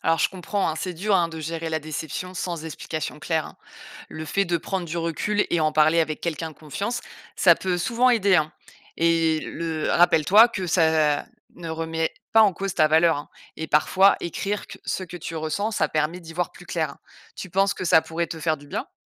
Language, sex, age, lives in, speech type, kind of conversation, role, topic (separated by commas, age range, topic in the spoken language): French, female, 35-39, France, read, expert-assistant, expert, Que faire quand on sent qu’une amitié est « en panne » sans trop savoir pourquoi ?